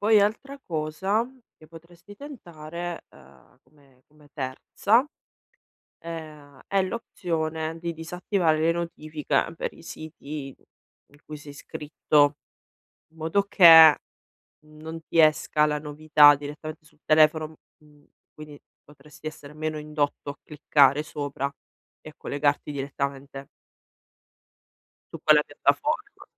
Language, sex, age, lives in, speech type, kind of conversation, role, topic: Italian, female, 35-39, Italy, conversation, advice, advisor, Come posso evitare acquisti impulsivi e non sprecare soldi quando faccio shopping?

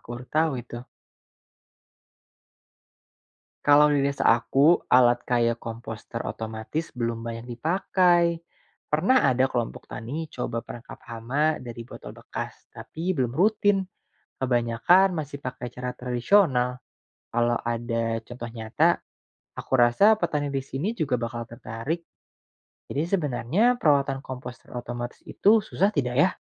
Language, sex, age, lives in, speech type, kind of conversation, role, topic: Indonesian, male, 25-29, Indonesia, read, expert-assistant, asker, Teknologi atau aplikasi apa yang paling membantu petani dalam menerapkan prinsip pertanian berkelanjutan di Indonesia, dan bagaimana cara menggunakannya?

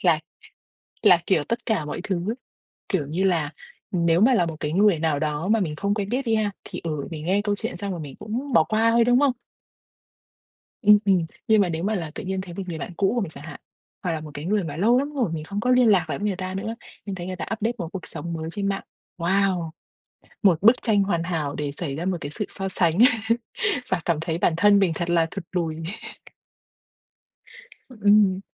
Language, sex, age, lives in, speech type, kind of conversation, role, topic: Vietnamese, female, 25-29, Vietnam, conversation, advice, user, Vì sao việc so sánh bản thân với bạn bè trên mạng xã hội khiến bạn mất tự tin?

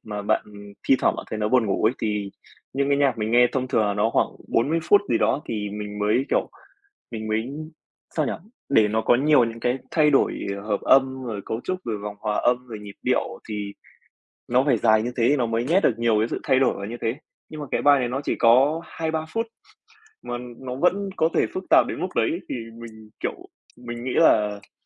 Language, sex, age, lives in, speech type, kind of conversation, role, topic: Vietnamese, male, 20-24, Vietnam, conversation, podcast, guest, Bạn có thể kể về một bài hát mà bạn nghe đi nghe lại hoài vẫn không chán không?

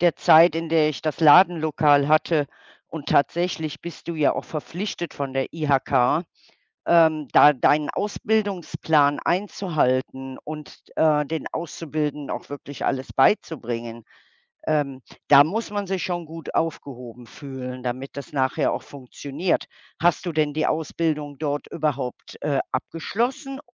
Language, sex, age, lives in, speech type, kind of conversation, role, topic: German, female, 60-64, Germany, conversation, unstructured, none, Was war dein stolzester Moment im Beruf?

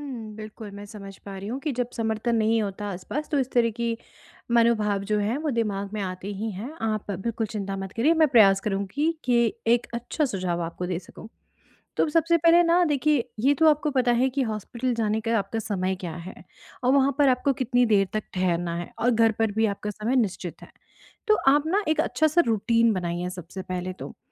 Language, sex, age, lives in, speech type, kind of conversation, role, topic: Hindi, female, 35-39, India, conversation, advice, advisor, मैं घर पर आराम करने की आदत कैसे बना सकता/सकती हूँ और मूवी, किताब व संगीत का आनंद कैसे ले सकता/सकती हूँ?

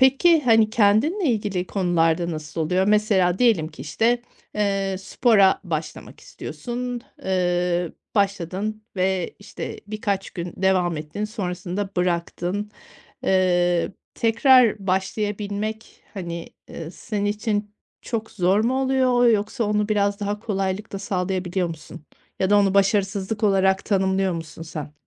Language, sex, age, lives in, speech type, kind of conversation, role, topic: Turkish, female, 40-44, Spain, conversation, podcast, host, Bugün senin için başarı ne anlama geliyor?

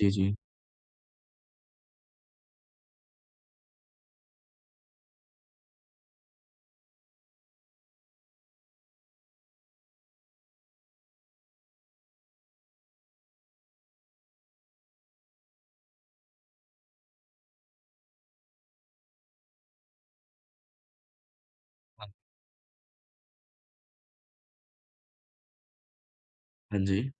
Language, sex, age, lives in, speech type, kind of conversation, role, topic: Hindi, male, 25-29, India, conversation, podcast, host, Negative self-talk ko control karne ke tumhare simple tareeke kya hain?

